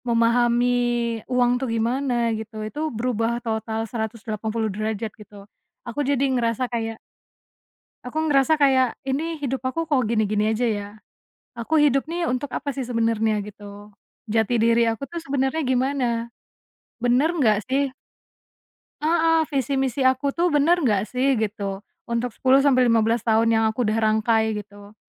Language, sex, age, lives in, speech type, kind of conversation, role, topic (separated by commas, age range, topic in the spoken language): Indonesian, female, 25-29, Indonesia, conversation, podcast, guest, Bagaimana kamu mengenali kekuatan dan kelemahanmu?